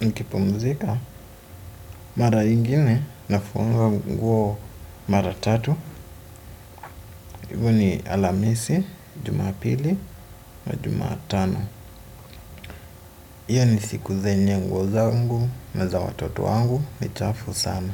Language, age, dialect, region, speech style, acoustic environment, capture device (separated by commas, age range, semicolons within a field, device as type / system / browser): Swahili, 25-34, Kenya - Nairobi Sheng-influenced, Kenya, relaxed, silence, desktop / Windows / Chrome